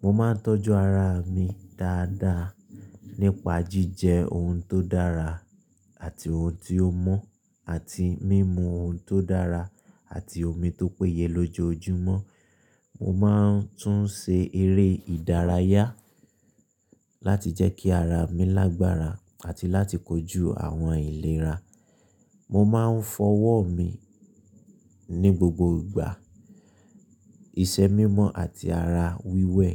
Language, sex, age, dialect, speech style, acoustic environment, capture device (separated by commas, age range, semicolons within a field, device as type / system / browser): Yoruba, male, 25-34, Nigeria - Ibadan, relaxed, silence, mobile / Linux / Chrome